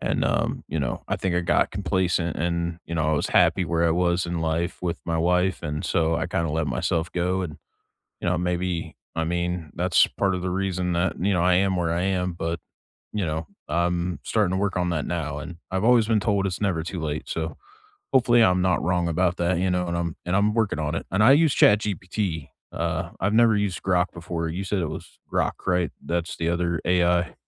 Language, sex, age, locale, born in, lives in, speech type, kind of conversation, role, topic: English, male, 40-44, en-US, United States, United States, conversation, unstructured, none, What small rituals start or end your day, and why do they matter to you?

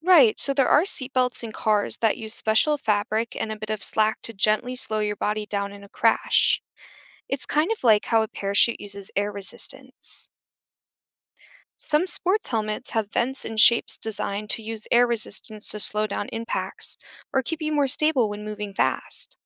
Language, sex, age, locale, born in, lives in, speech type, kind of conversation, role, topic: English, female, 25-29, en-US, United States, United States, read, expert-assistant, expert, How does a parachute slow someone down?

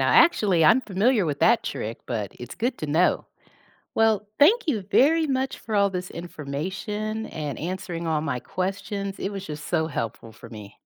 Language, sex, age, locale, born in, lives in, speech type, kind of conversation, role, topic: English, female, 50-54, en-US, United States, United States, read, expert-assistant, asker, How does popcorn pop and what affects its texture and crunchiness?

